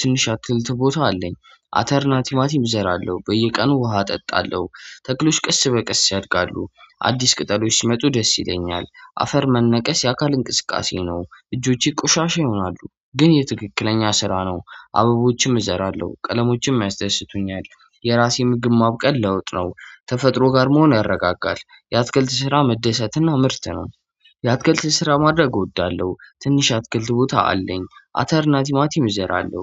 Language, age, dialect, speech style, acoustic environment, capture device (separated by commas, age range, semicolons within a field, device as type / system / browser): Amharic, 25-34, Ethiopia - Addis Ababa, happy, silence, mobile / Android / Chrome